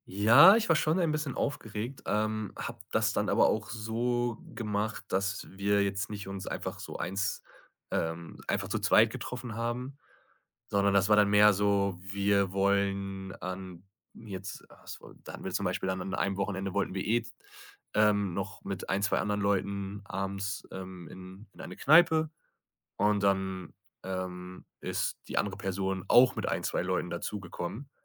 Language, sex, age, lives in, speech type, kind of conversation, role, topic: German, male, 25-29, Germany, conversation, podcast, guest, Wann hast du zuletzt etwas völlig Neues ausprobiert?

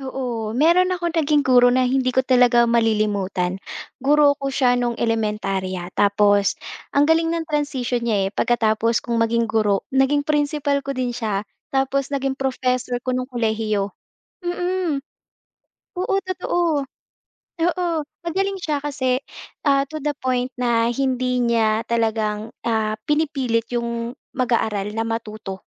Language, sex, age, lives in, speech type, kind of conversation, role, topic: Filipino, female, 25-29, Philippines, conversation, podcast, guest, Ano sa palagay mo ang papel ng guro sa paghubog ng pagkatuto?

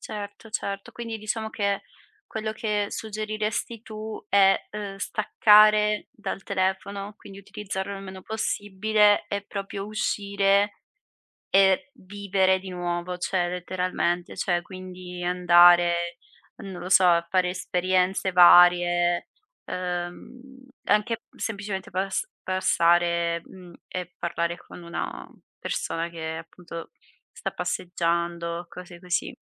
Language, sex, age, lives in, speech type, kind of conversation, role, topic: Italian, female, 25-29, Italy, conversation, podcast, host, Perché ci si sente soli anche quando si è in mezzo alla gente?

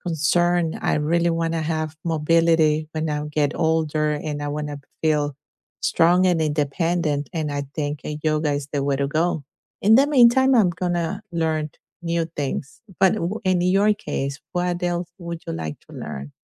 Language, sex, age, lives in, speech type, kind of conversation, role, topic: English, female, 50-54, United States, conversation, unstructured, none, What hobby reminds you of someone you miss the most?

